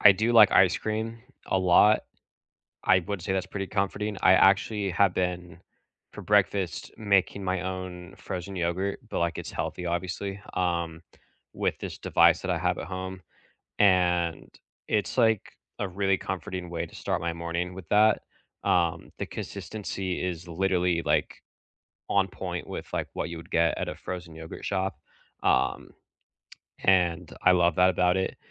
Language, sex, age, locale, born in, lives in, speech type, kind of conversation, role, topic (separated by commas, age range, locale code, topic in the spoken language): English, male, 25-29, en-US, United States, United States, conversation, unstructured, none, What food do you crave most when you need comfort?